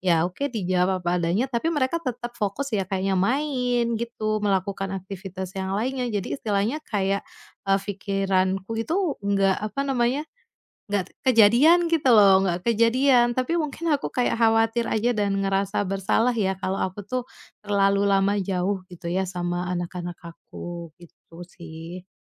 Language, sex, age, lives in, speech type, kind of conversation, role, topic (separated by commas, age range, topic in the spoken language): Indonesian, female, 30-34, Indonesia, conversation, advice, user, Mengapa saya merasa bersalah saat meluangkan waktu untuk diri sendiri atau beristirahat?